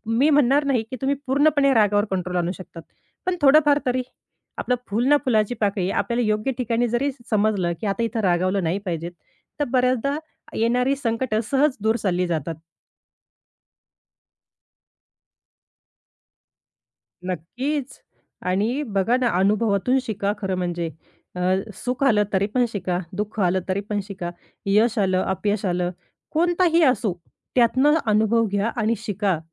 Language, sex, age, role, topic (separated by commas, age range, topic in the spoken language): Marathi, female, 30-34, guest, तुम्हाला आयुष्यातला खरा अर्थ कसा सापडतो?